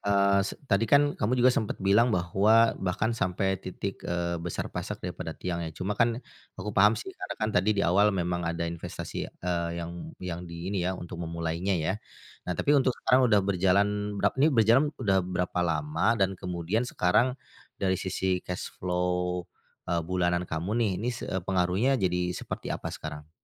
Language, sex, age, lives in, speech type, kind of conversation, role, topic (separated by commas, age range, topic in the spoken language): Indonesian, male, 40-44, Indonesia, conversation, advice, advisor, Bagaimana pengalamanmu dengan belanja impulsif yang merusak keuanganmu?